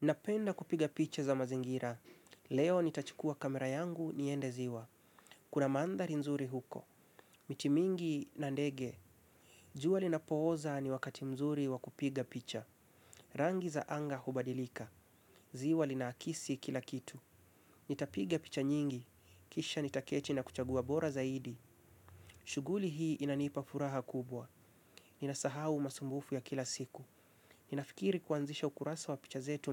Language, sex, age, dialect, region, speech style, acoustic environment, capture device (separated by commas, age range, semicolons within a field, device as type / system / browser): Swahili, male, 25-34, Kenya - Mombasa, Kenya, focused; relaxed, silence, mobile / Linux / Chrome